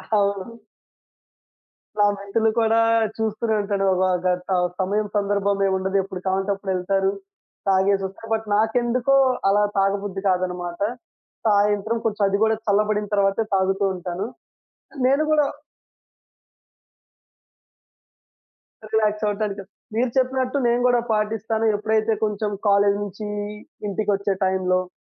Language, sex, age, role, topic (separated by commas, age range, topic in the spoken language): Telugu, male, 20-24, guest, పనిలో ఏకాగ్రత పెంచుకోవడానికి మీరు పాటించే చిన్న రోజువారీ అలవాటు ఏమిటి?